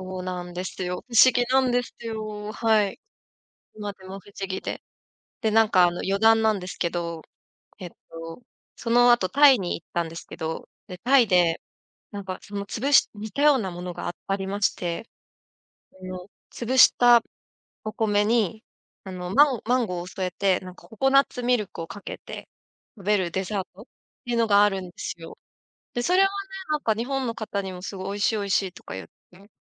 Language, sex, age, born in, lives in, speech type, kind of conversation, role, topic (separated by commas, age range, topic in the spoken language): Japanese, female, 35-39, Japan, Japan, conversation, podcast, guest, 食べ物について驚いたことはありますか？